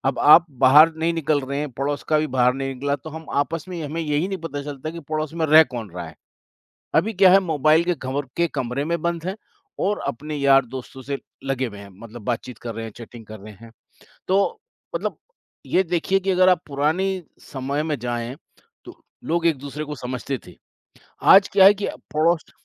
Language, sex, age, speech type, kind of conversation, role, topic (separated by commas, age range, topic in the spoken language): Hindi, male, 55-59, conversation, unstructured, none, स्मार्टफोन ने आपकी दिनचर्या को कैसे बदला है?